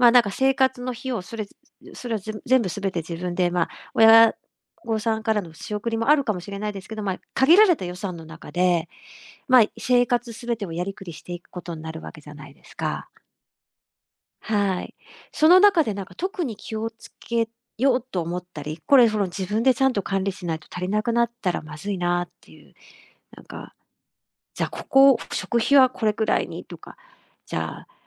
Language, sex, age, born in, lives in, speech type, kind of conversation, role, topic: Japanese, female, 50-54, Japan, Japan, conversation, podcast, host, 初めて一人暮らしを始めたときの話を聞かせてくれますか？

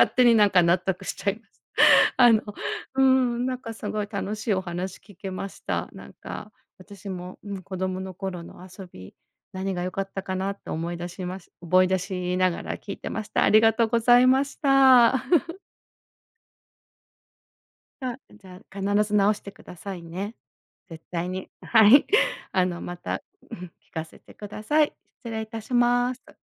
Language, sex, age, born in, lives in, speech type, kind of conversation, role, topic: Japanese, female, 50-54, Japan, United States, conversation, podcast, host, 子どもの頃に一番好きだった遊びは何ですか？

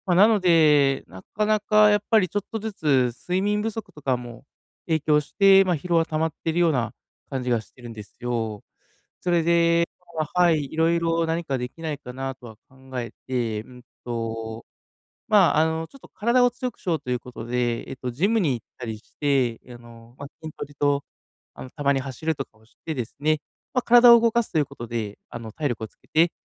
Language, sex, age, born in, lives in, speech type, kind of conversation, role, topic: Japanese, male, 30-34, Japan, Japan, conversation, advice, user, 変化が続く中で、日々の習慣で回復力を高めるにはどうすればいいですか？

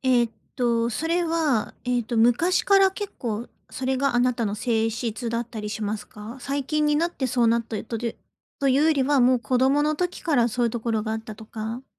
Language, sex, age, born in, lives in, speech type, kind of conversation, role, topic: Japanese, female, 30-34, Japan, Japan, conversation, advice, advisor, フィードバックを落ち着いて建設的に受け取るにはどうすればいいですか？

